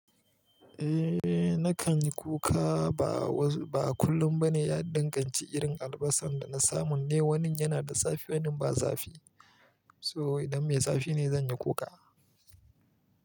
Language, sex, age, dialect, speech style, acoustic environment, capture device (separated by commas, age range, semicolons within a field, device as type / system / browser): Hausa, male, 25-34, Nigeria - Kano, happy, silence, mobile / Linux / Chrome